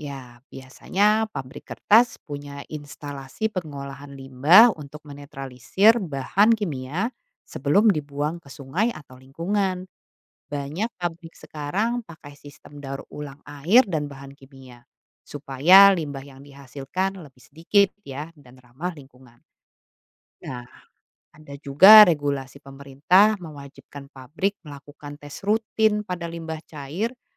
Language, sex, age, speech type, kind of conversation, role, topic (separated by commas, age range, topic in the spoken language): Indonesian, female, 35-39, read, expert-assistant, expert, Bagaimana proses pembuatan kertas dari kayu?